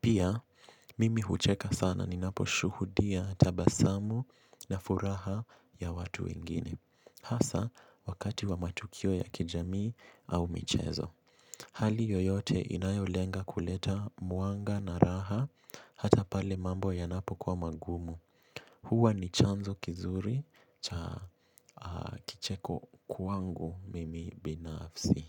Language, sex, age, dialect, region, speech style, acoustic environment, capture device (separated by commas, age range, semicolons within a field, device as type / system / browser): Swahili, male, 18-24, Kenya - Mombasa, Kenya, relaxed; focused, silence; appliances, mobile / Linux / Chrome